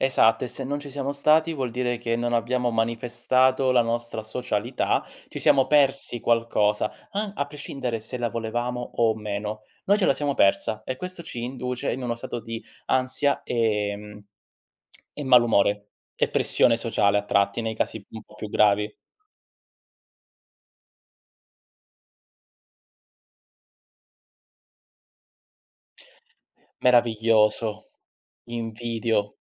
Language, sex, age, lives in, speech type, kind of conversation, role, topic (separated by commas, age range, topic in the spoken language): Italian, male, 30-34, Italy, conversation, unstructured, none, Quali hobby ti aiutano a rilassarti dopo una giornata intensa?